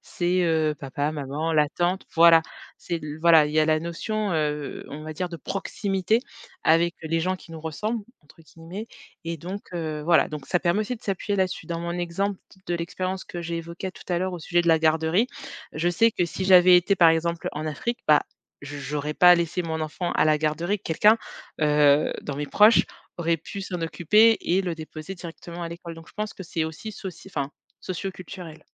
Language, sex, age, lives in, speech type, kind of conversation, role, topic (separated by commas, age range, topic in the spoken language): French, female, 35-39, France, conversation, podcast, guest, Quelle place ta famille accorde-t-elle à l’équilibre entre le travail et la vie personnelle ?